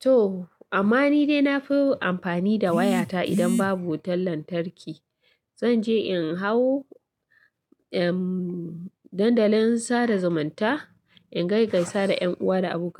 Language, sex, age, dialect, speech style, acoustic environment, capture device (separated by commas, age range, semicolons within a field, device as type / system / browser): Hausa, female, 25-34, Nigeria - Katsina, relaxed; excited, people talking; silence, mobile / Linux / Chrome